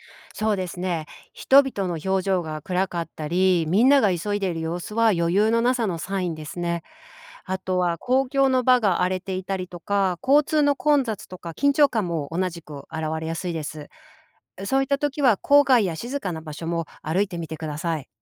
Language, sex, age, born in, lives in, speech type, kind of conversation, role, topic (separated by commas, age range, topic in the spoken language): Japanese, female, 45-49, Japan, United States, read, expert-assistant, expert, 「この国は豊かになっているの？」と感じたとき、どんな方法で調べると納得できますか？